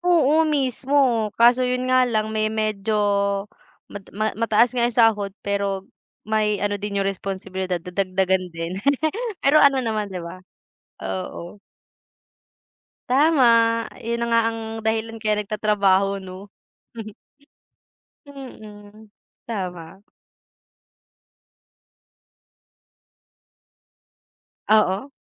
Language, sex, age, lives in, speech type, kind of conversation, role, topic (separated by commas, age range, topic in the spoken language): Filipino, female, 20-24, Philippines, conversation, unstructured, none, Ano ang pinakabagong balitang nagpasaya sa iyo kamakailan?